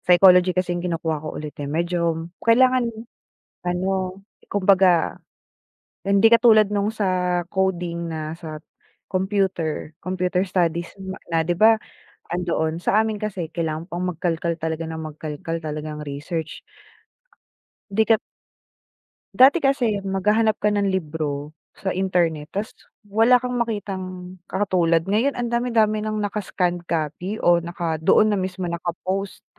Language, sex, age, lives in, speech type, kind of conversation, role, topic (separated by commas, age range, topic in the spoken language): Filipino, female, 25-29, Philippines, conversation, unstructured, none, Paano mo ginagamit ang teknolohiya sa araw-araw mong pag-aaral, at ano ang palagay mo sa paggamit ng internet at midyang panlipunan para sa edukasyon?